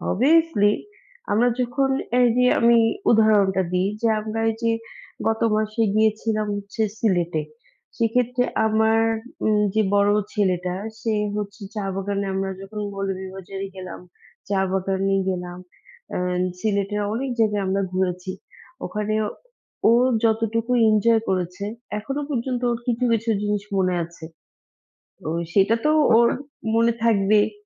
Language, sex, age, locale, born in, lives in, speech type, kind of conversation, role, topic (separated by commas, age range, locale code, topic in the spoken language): Bengali, female, 35-39, bn-BD, Bangladesh, Bangladesh, conversation, unstructured, none, আপনি কি এমন জীবন বেছে নেবেন যেখানে সবসময় ভ্রমণ করবেন কিন্তু স্থায়ী ঠিকানা কম থাকবে, নাকি এমন জীবন যেখানে স্থায়ী ঠিকানা থাকবে কিন্তু ভ্রমণ কম করবেন?